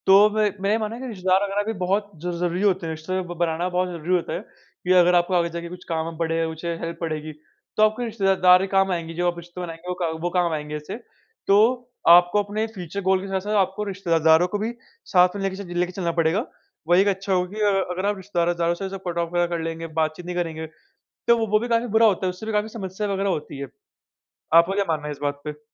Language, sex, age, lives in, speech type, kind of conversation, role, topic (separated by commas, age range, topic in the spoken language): Hindi, male, 45-49, India, conversation, unstructured, none, आप अपने भविष्य के लिए कौन-से कदम उठा रहे हैं?